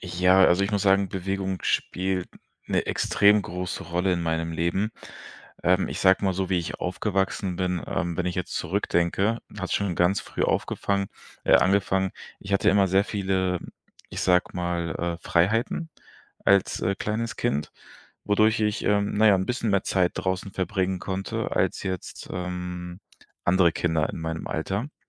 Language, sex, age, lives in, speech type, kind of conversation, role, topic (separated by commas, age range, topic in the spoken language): German, male, 25-29, Germany, conversation, podcast, guest, Welche Rolle spielt Bewegung für deine mentale Gesundheit?